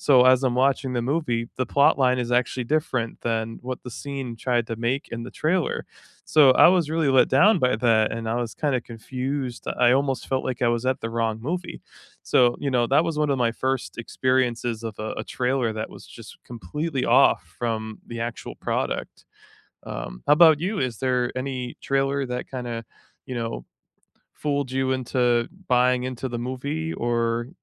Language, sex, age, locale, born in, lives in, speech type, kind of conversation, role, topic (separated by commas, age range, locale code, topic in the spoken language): English, male, 30-34, en-US, United States, United States, conversation, unstructured, none, Which movie, TV, or game trailer misled you—pleasantly or painfully—and how did you feel?